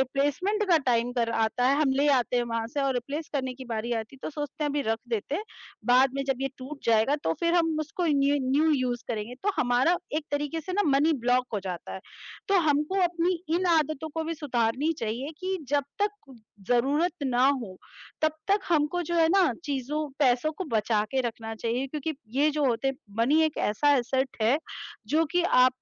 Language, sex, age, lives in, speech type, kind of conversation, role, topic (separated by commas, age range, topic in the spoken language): Hindi, female, 35-39, India, conversation, unstructured, none, पैसे बचाने के लिए आपकी सबसे पसंदीदा आदत कौन-सी है?